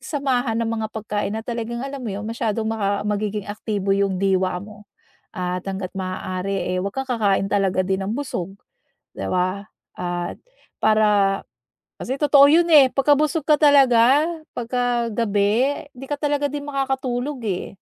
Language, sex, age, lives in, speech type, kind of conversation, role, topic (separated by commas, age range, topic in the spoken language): Filipino, female, 40-44, United States, conversation, advice, advisor, Paano ako makapagtatakda ng regular na oras ng pagtulog at pahinga?